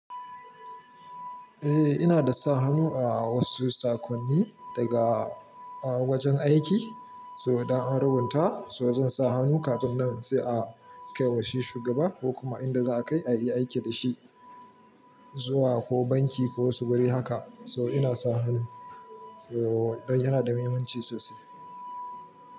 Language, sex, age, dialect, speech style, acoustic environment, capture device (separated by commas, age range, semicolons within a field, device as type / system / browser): Hausa, male, 25-34, Nigeria - Kano, happy, silence, mobile / Linux / Chrome